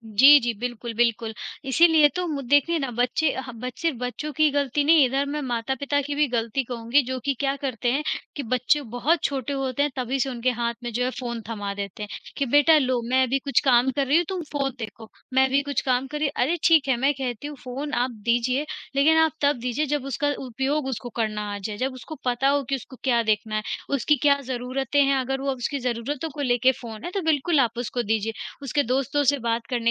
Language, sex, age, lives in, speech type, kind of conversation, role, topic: Hindi, female, 40-44, India, conversation, unstructured, none, क्या सामाजिक मीडिया मानसिक स्वास्थ्य पर असर डालता है?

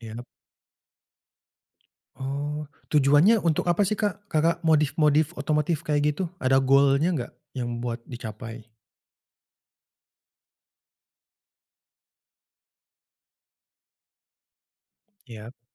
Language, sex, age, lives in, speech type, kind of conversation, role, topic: Indonesian, male, 25-29, Indonesia, conversation, podcast, host, Apa pengalaman paling berkesan yang pernah kamu alami terkait hobimu?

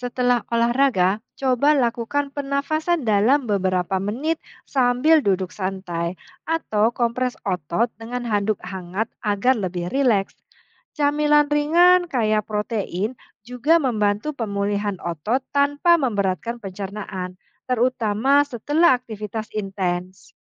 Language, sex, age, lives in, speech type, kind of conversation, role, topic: Indonesian, female, 45-49, Indonesia, read, expert-assistant, expert, Apa ada sumber yang bisa membantu saya memahami bagaimana jantung dan paru-paru bekerja sama saat kita berolahraga?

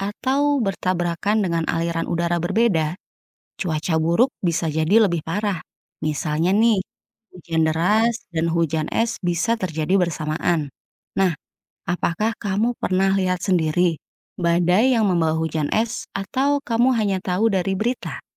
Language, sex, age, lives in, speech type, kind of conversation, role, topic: Indonesian, female, 35-39, Indonesia, read, expert-assistant, expert, Bagaimana proses terjadinya hujan es?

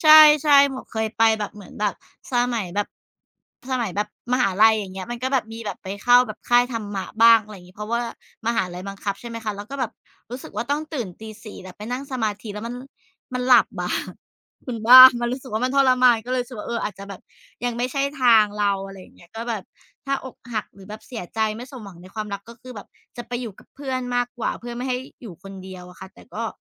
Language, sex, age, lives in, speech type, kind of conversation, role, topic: Thai, female, 35-39, Thailand, conversation, unstructured, none, ความรักที่ไม่สมหวังส่งผลต่อความรู้สึกของคุณอย่างไร?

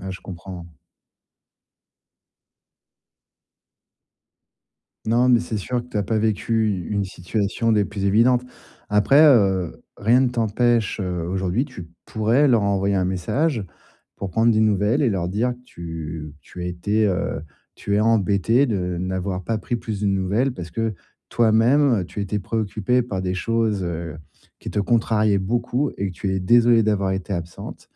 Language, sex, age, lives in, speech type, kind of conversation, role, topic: French, male, 40-44, France, conversation, advice, advisor, Comment maintenir une amitié forte malgré la distance ?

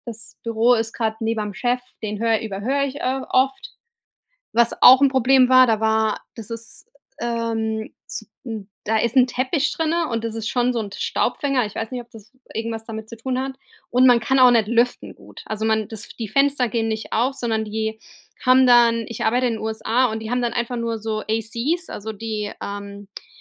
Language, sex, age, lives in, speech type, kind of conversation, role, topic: German, female, 35-39, United States, conversation, advice, user, Wie vermeide ich Energieeinbrüche bei langen Aufgaben im Alltag?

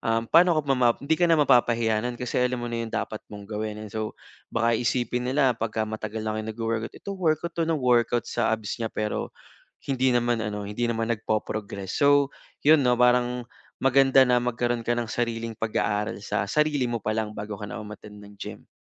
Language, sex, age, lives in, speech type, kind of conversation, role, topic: Filipino, male, 25-29, Philippines, conversation, advice, advisor, Paano ko haharapin ang takot na magkamali habang natututo ng bagong libangan?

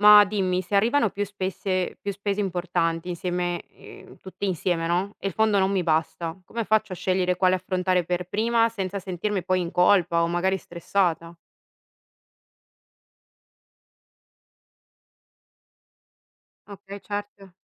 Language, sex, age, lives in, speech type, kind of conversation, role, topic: Italian, female, 30-34, Italy, read, expert-assistant, asker, Come posso prendere decisioni più razionali quando si tratta di soldi?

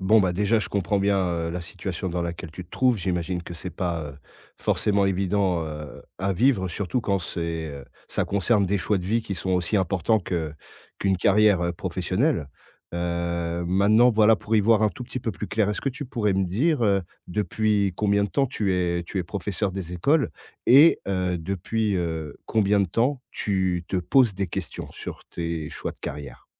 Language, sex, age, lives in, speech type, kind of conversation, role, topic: French, male, 40-44, France, conversation, advice, advisor, Comment traversez-vous votre crise de milieu de vie et quels doutes avez-vous sur la direction à prendre ?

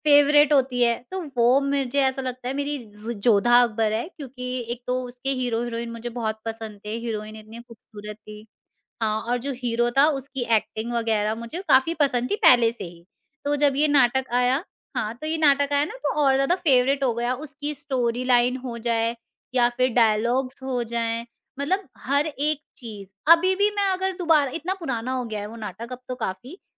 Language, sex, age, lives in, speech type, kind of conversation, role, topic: Hindi, female, 50-54, India, conversation, podcast, guest, Kaunsi टीवी शृंखला ने तुम्हारा दिल छू लिया और क्यों?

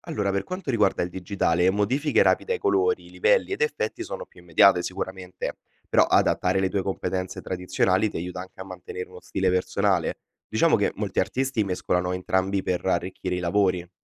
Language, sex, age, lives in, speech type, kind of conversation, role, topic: Italian, male, 20-24, Italy, read, expert-assistant, expert, Quali sono i primi passi per imparare davvero a creare arte digitale?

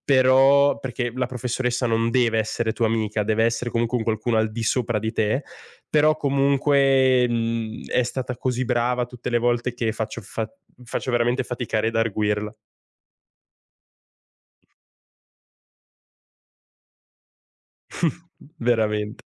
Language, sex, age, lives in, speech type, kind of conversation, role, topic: Italian, male, 20-24, Italy, conversation, podcast, guest, Qual è l'insegnante che ti ha cambiato la vita?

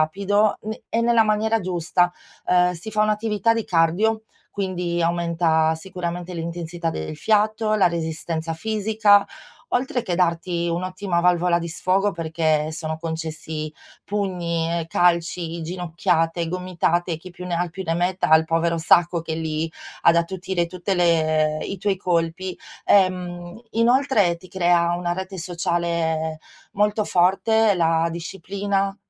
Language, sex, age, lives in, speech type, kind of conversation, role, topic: Italian, female, 40-44, Italy, conversation, advice, advisor, Come posso cambiare il mio stile di vita per migliorare la mia salute?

